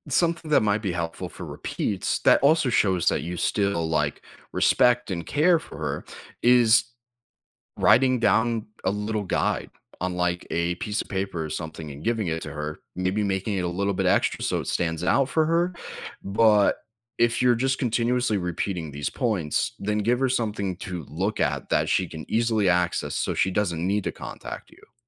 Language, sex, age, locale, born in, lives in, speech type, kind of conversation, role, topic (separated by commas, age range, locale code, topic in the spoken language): English, male, 20-24, en-US, United States, United States, conversation, advice, advisor, How can I say no to extra requests without feeling guilty or hurting others?